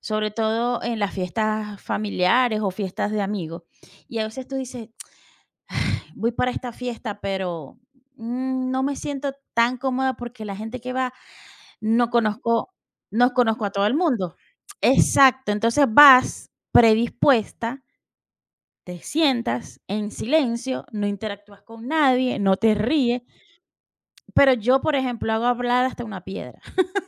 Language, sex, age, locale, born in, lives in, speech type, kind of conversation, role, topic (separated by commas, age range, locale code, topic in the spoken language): Spanish, female, 40-44, es-VE, Venezuela, United States, conversation, unstructured, none, ¿Cómo te gusta pasar un día especial con tu familia?